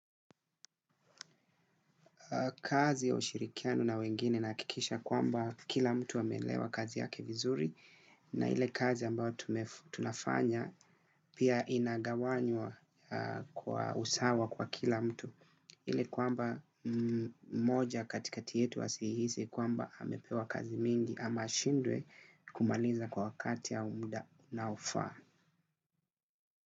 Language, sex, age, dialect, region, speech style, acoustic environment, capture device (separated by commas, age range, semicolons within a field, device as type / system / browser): Swahili, male, 25-34, Kenya - Mombasa, Kenya, relaxed, silence, desktop / Windows / Chrome